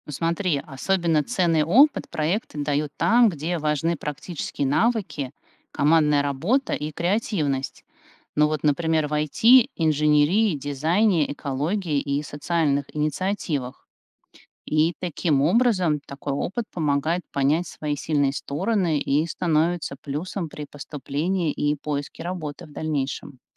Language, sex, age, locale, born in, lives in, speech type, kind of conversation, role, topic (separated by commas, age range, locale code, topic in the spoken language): Russian, female, 45-49, ru-RU, Russia, United States, read, expert-assistant, expert, Какие нестандартные комбинации предметов могли бы сделать школьную программу более актуальной для современной жизни?